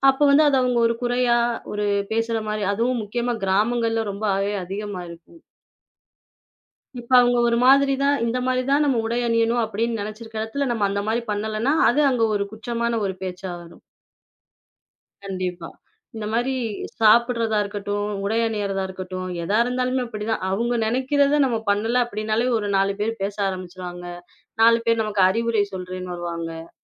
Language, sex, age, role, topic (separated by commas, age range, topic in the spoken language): Tamil, female, 25-29, guest, பிறரின் எதிர்பார்ப்புகள் உங்கள் முடிவுகளை எவ்வளவு மாற்றுகின்றன?